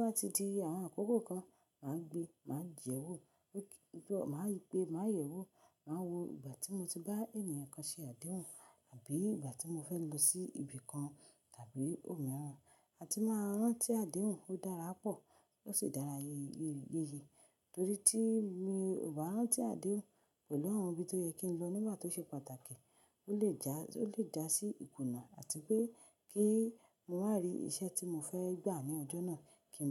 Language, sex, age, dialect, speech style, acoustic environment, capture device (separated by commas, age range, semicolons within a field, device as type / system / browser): Yoruba, female, 25-34, Nigeria - Ibadan, happy; relaxed; focused; excited, silence, mobile / Linux / Chrome